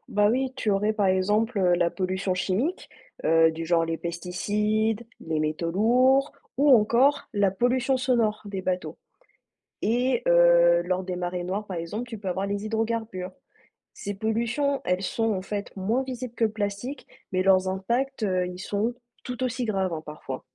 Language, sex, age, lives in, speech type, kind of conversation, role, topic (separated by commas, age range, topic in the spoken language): French, female, 30-34, France, read, expert-assistant, expert, Comment reconnaître une info fiable sur les océans quand on lit un article ou regarde une vidéo ?